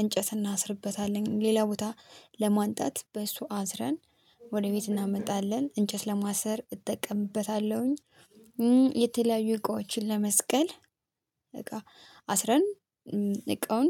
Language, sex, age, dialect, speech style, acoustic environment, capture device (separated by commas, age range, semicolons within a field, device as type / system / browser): Amharic, female, 25-34, Ethiopia - Addis Ababa, happy, silence, mobile / Linux / Chrome